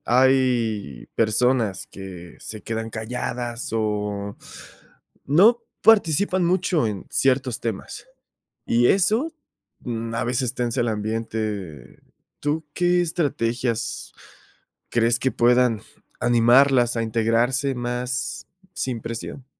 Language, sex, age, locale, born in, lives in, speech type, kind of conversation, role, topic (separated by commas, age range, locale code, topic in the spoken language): Spanish, male, 25-29, es-MX, Mexico, Mexico, read, expert-assistant, asker, ¿Cómo promover la inclusión y el respeto ante creencias religiosas diversas en un equipo?